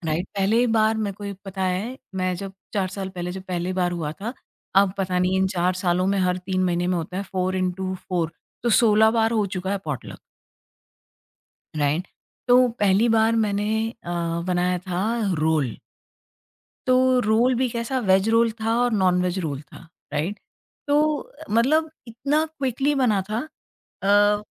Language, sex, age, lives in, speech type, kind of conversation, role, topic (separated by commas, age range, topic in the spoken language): Hindi, female, 45-49, India, conversation, podcast, guest, Potluck ke liye tum hamesha kya le jaate ho?